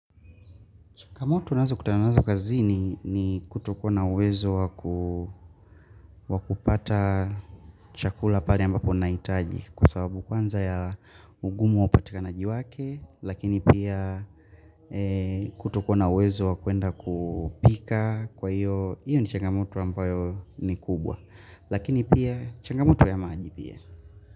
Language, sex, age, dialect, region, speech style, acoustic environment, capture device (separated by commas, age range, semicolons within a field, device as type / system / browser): Swahili, male, 18-24, Tanzania - Dar es Salaam, Tanzania, focused, nature; people talking; appliances, mobile / Linux / Chrome